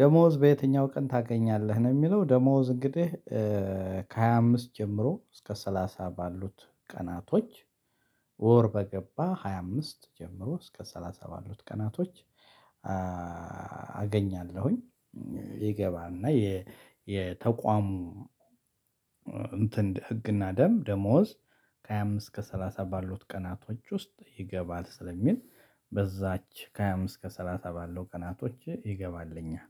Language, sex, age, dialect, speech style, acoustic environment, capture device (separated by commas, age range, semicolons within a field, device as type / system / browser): Amharic, male, 35-44, Ethiopia - Addis Ababa, excited, silence, mobile / Linux / Chrome